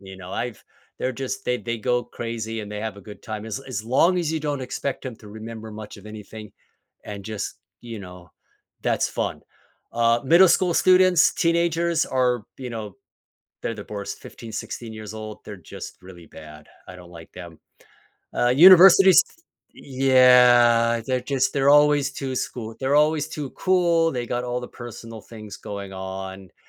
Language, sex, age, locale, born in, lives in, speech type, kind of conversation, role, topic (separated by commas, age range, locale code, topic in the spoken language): English, male, 50-54, en-US, United States, United States, conversation, podcast, guest, How do your values and experiences shape what success means to you?